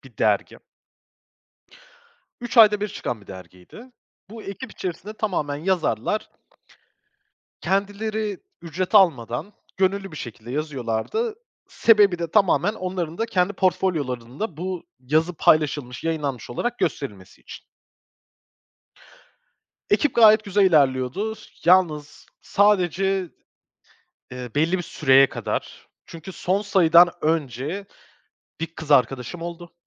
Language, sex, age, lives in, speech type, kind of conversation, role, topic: Turkish, male, 25-29, Portugal, conversation, advice, user, Yeni bir ekip kurma baskısı altındayken doğru kişiyi seçememekten nasıl kaçınabilirim?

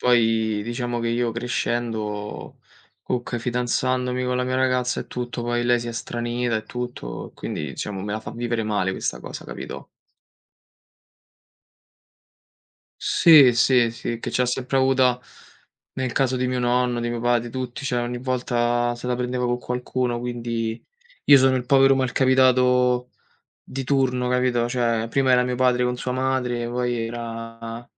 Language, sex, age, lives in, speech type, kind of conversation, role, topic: Italian, male, 25-29, Italy, conversation, unstructured, none, Come gestisci un litigio in famiglia senza alzare la voce?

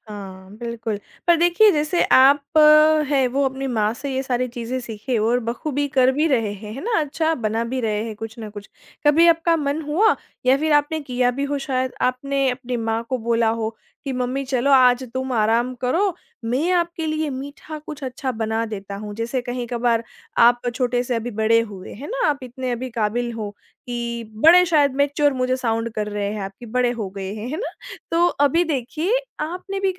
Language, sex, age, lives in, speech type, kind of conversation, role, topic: Hindi, female, 25-29, India, conversation, podcast, host, आपकी माँ की कौन-सी मिठाई आपको सबसे ज़्यादा घर की याद दिलाती है?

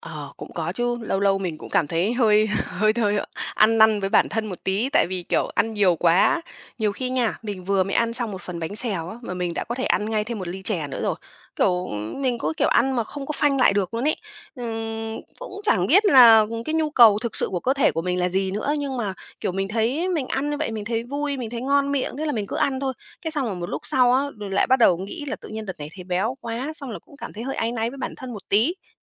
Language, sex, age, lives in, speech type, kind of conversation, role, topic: Vietnamese, female, 30-34, Vietnam, conversation, advice, user, Bạn gặp khó khăn gì khi duy trì thói quen ăn uống lành mạnh?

